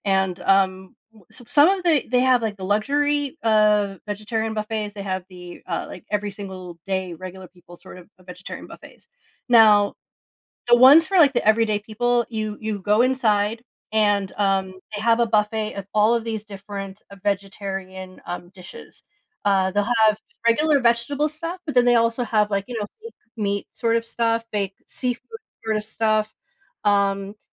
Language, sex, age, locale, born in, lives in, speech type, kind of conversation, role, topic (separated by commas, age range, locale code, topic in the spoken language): English, female, 40-44, en-US, United States, United States, conversation, podcast, guest, In what ways do our cultural backgrounds influence our eating habits and food choices?